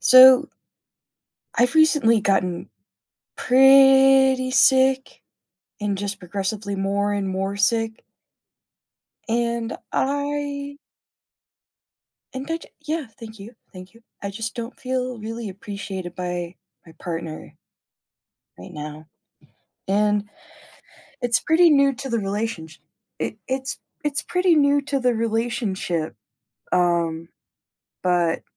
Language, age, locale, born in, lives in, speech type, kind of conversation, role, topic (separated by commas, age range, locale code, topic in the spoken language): English, 25-29, en-US, United States, United States, conversation, advice, user, How do I bring up feeling unappreciated with my partner without making things worse?